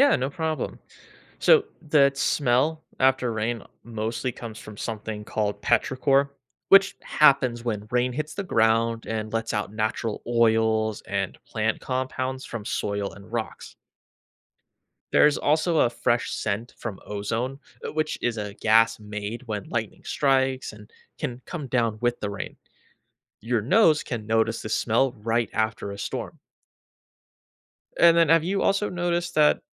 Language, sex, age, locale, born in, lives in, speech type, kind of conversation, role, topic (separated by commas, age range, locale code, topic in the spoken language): English, male, 25-29, en-US, United States, United States, read, expert-assistant, expert, How do clouds and storms form and what signs indicate their arrival?